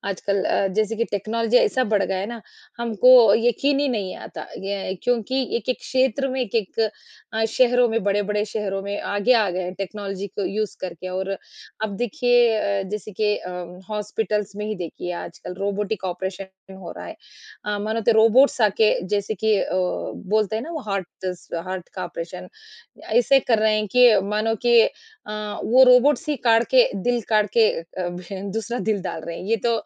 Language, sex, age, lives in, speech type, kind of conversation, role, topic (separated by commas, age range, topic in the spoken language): Hindi, female, 40-44, India, conversation, unstructured, none, क्या आप कभी किसी तकनीक से इतने प्रभावित हुए हैं कि आपको उस पर विश्वास ही नहीं हुआ?